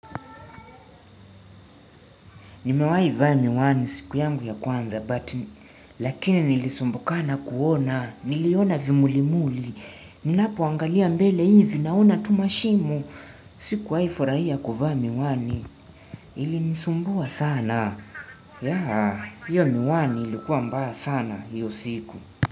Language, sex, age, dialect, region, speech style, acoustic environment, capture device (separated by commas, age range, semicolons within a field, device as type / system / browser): Swahili, male, 18-24, Kenya - Nairobi Sheng-influenced, Kenya, relaxed, nature, desktop / Windows / Chrome